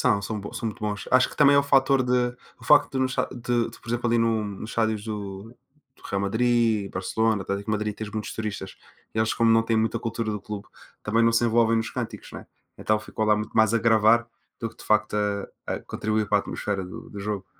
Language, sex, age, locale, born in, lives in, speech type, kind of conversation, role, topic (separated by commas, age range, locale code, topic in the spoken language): Portuguese, male, 20-24, pt-PT, Portugal, Portugal, conversation, unstructured, none, Como um passatempo pode ajudar a aliviar o estresse do dia a dia?